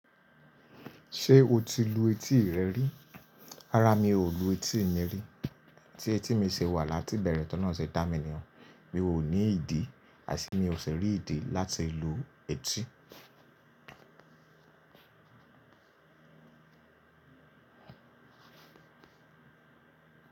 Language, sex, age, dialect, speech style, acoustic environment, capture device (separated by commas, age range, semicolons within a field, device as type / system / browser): Yoruba, male, 25-34, Nigeria - Lagos Yoruba, relaxed, appliances, mobile / Linux / Chrome